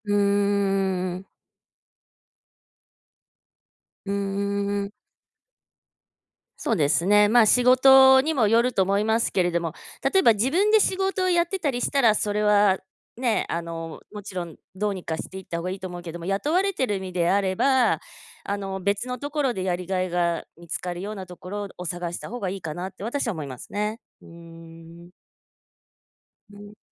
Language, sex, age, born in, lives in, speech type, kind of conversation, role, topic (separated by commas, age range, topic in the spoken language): Japanese, female, 45-49, Japan, United States, conversation, unstructured, none, 仕事で最もやりがいを感じた瞬間はいつですか？